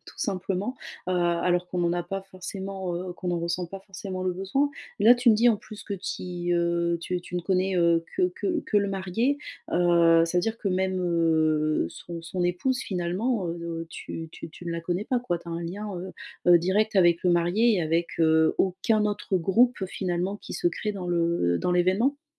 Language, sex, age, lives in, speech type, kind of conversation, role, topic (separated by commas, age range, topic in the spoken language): French, female, 35-39, France, conversation, advice, advisor, Pourquoi ai-je du mal à faire la fête avec mes amis ?